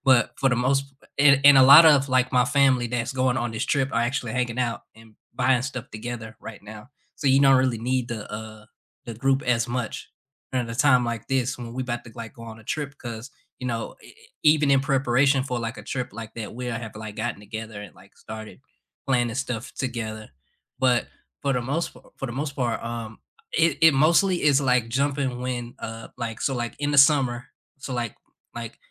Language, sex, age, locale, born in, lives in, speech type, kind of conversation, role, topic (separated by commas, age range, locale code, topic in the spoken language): English, male, 25-29, en-US, United States, United States, conversation, unstructured, none, What simple, meaningful ways do you stay connected with the people you care about most?